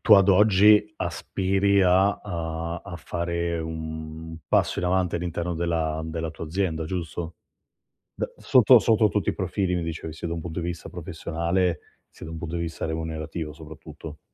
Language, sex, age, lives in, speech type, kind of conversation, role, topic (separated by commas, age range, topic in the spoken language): Italian, male, 35-39, Italy, conversation, advice, advisor, Come posso farmi notare in azienda senza sembrare troppo aggressivo?